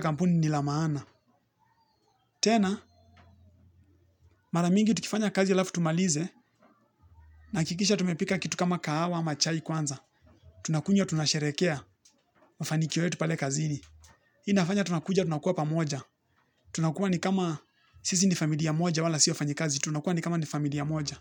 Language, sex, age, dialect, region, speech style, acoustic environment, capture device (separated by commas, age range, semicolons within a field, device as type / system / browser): Swahili, male, 18-24, Kenya - Nairobi Sheng-influenced, Kenya, relaxed, nature; people talking; appliances, mobile / Linux / Chrome